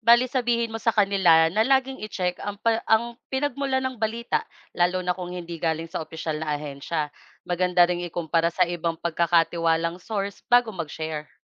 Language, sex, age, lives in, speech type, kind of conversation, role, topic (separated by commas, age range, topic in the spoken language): Filipino, female, 25-29, Philippines, read, expert-assistant, expert, Paano mo nasisiguro na ang balita o impormasyon na natatanggap mo tuwing may emergency ay hindi fake news o pinapalala lamang para magdulot ng takot?